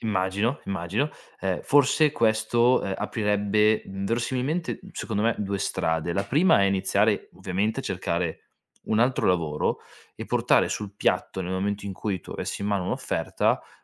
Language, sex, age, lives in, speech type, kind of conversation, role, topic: Italian, male, 25-29, Italy, conversation, advice, advisor, Come posso negoziare un aumento di stipendio?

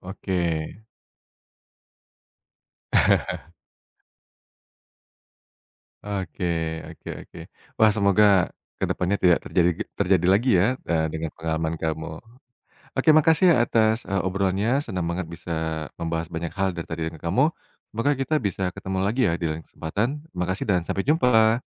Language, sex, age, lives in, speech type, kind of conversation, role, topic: Indonesian, male, 35-39, Indonesia, conversation, podcast, host, Bagaimana cara kamu mengatur anggaran untuk perjalanan solo?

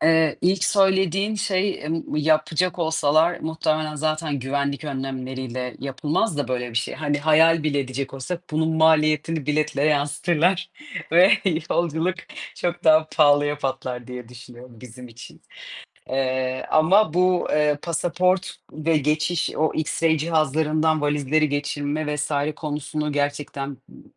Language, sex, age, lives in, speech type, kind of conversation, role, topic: Turkish, female, 30-34, Portugal, conversation, unstructured, none, Havaalanlarındaki uzun beklemeler seni ne kadar sinirlendiriyor?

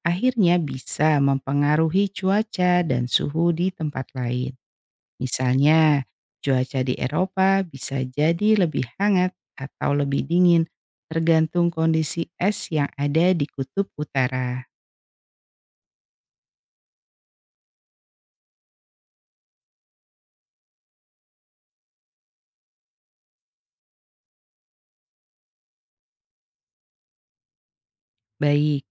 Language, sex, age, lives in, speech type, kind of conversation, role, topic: Indonesian, female, 45-49, Indonesia, read, expert-assistant, expert, Mengapa es mengapung di atas air?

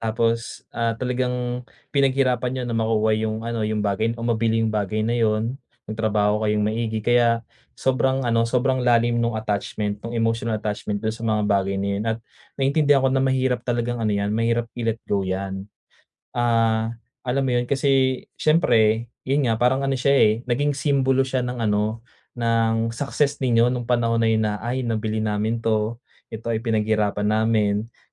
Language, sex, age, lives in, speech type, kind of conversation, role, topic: Filipino, male, 25-29, Philippines, conversation, advice, advisor, Paano ko pagpapasyahan kung alin sa mga gamit ko ang dapat panatilihin at alin ang dapat bitawan?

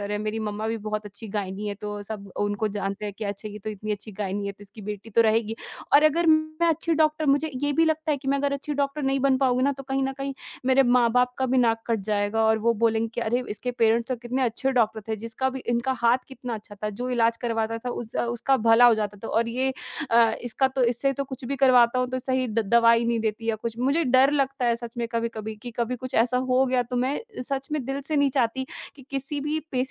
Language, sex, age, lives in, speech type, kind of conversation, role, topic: Hindi, female, 20-24, India, conversation, advice, user, करियर बदलते समय जीवन में अर्थ और दिशा कैसे तय करें?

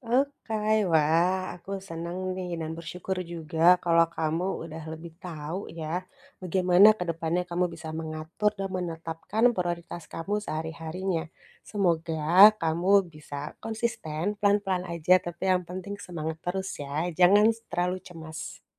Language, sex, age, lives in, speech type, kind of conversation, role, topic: Indonesian, female, 35-39, Indonesia, conversation, advice, advisor, Bagaimana cara menetapkan prioritas dan membuat jadwal yang jelas?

